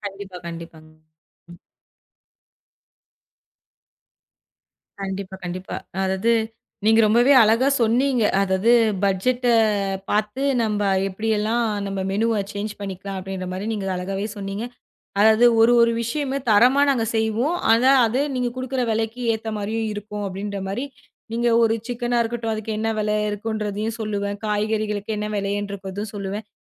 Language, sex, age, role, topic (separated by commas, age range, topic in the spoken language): Tamil, female, 25-29, host, பட்ஜெட்டை கருத்தில் கொண்டு மெனுவை எப்படிப் திட்டமிடலாம்?